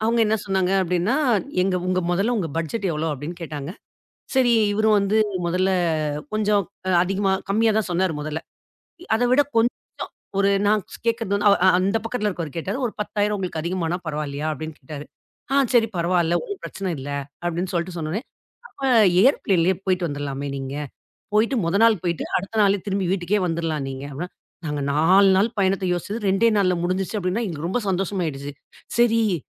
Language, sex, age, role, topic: Tamil, female, 40-44, guest, நீங்கள் எதிர்பாராத ஒரு பயண அனுபவத்தைப் பகிர முடியுமா?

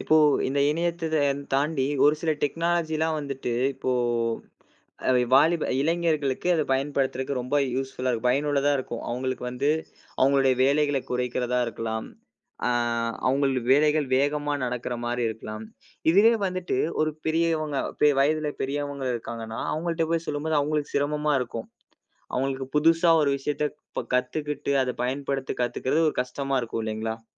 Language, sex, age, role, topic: Tamil, male, 20-24, host, பெரியோர்கள் மற்றும் இளம் தலைமுறையினர் கண்முன் தொழில்நுட்பத்தைப் பயன்படுத்துவது குறித்து கொண்டுள்ள எண்ணங்கள் எவ்வாறு வேறுபடுகின்றன?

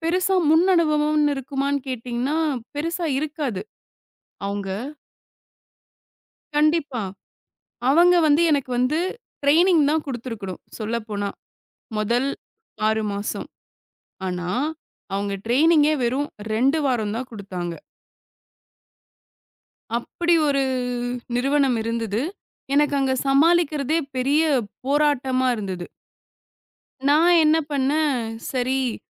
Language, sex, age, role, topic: Tamil, female, 25-29, guest, உங்கள் வாழ்க்கையில் நீங்கள் எடுத்த மிக முக்கியமான முடிவு எது?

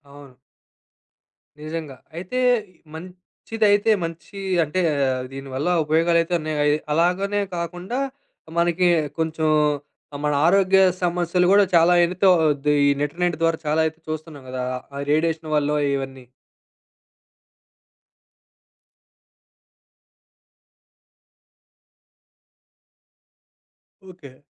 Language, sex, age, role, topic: Telugu, male, 25-29, host, మొబైల్ ఇంటర్నెట్ వేగం మన జీవనశైలిపై ఎలాంటి ప్రభావం చూపుతోంది?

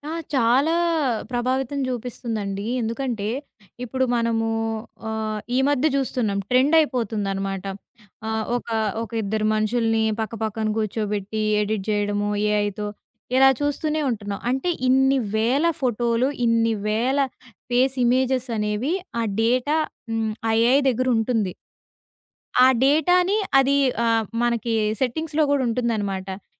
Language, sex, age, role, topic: Telugu, female, 20-24, guest, టెక్నాలజీ ఉద్యోగాలని ఎలా మార్చేస్తుంది?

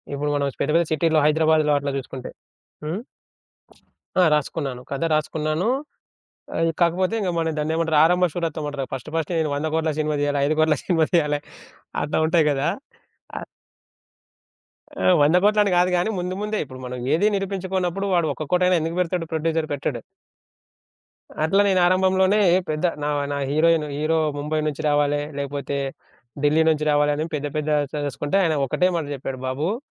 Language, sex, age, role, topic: Telugu, male, 25-29, guest, తక్కువ బడ్జెట్‌లో ఆకట్టుకునేలా కథను ఎలా చెప్పగలరు?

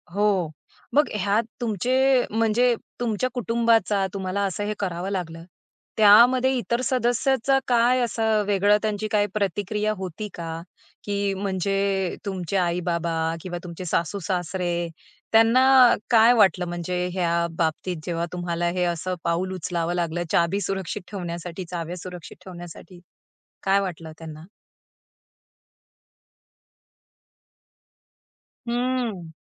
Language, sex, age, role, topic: Marathi, female, 35-39, host, घरात चाव्या, रिमोट आणि मोबाईल कुठे ठेवता?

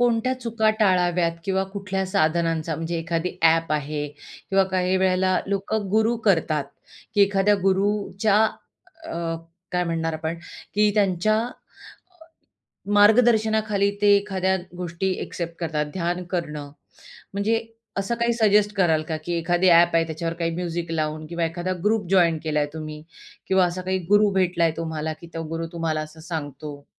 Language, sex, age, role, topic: Marathi, female, 45-49, host, आपण ध्यान कधी आणि का सुरू केले?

